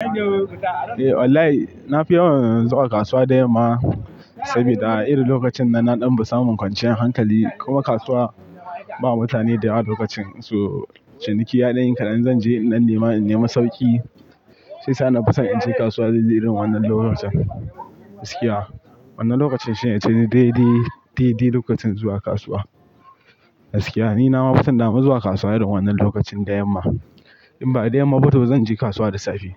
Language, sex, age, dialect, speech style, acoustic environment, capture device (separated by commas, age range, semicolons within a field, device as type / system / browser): Hausa, male, 18-24, Nigeria - Pidgin-influenced, focused; relaxed, people talking, mobile / Linux / Chrome